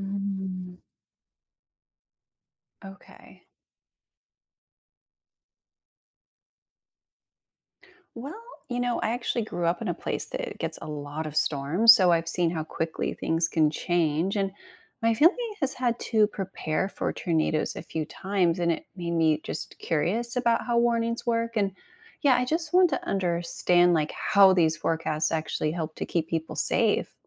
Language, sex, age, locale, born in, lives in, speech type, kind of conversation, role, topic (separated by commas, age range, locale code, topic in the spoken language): English, female, 40-44, en-US, United States, United States, read, expert-assistant, asker, How do weather forecasts predict storms and other natural events?